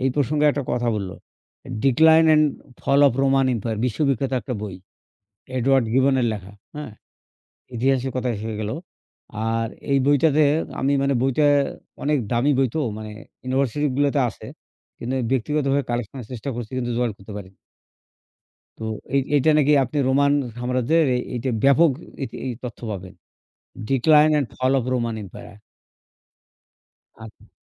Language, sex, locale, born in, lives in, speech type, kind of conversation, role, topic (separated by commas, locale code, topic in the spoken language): Bengali, male, bn-BD, Bangladesh, Bangladesh, conversation, unstructured, none, আপনি ইতিহাস থেকে কীভাবে আনন্দ পান?